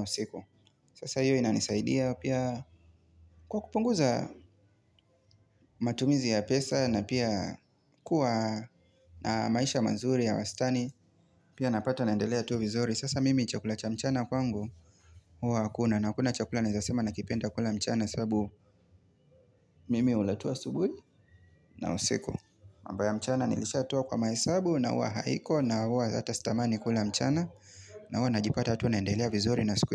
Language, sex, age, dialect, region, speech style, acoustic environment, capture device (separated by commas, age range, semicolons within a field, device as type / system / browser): Swahili, male, 25-34, Kenya - Mombasa, Kenya, relaxed, nature; people talking, mobile / Linux / Chrome